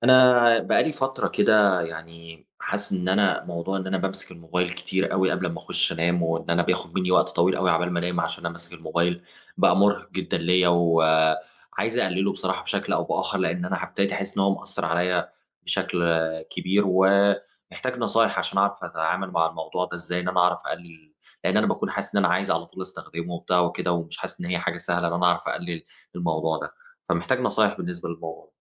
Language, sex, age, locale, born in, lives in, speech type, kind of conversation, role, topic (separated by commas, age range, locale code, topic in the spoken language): Arabic, male, 30-34, ar-EG, Egypt, Germany, conversation, advice, user, إزاي أقلّل استخدامي للموبايل قبل ما أنام؟